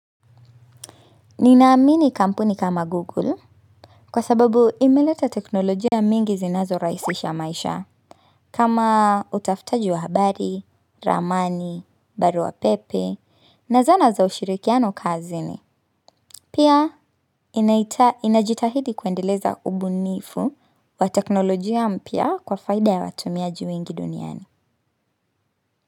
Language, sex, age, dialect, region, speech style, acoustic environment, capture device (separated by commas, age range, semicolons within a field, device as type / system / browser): Swahili, female, 25-34, Kenya - Nairobi Sheng-influenced, Kenya, happy; relaxed, nature; silence, mobile / Linux / Chrome